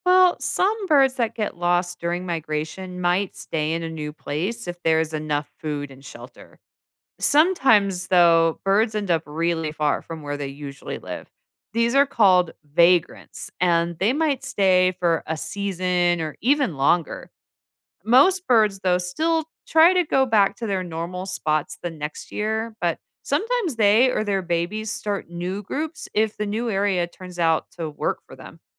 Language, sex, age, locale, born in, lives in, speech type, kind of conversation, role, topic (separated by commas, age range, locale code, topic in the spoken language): English, female, 40-44, en-US, United States, United States, read, expert-assistant, expert, How do birds navigate during migration?